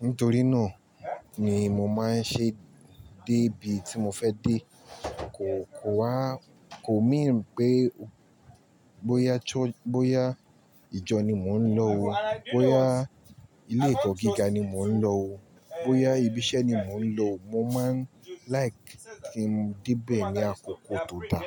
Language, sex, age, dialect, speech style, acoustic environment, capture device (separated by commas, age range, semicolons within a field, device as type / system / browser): Yoruba, male, 25-34, Nigeria - Nigerian Standard, relaxed, nature, mobile / Linux / Chrome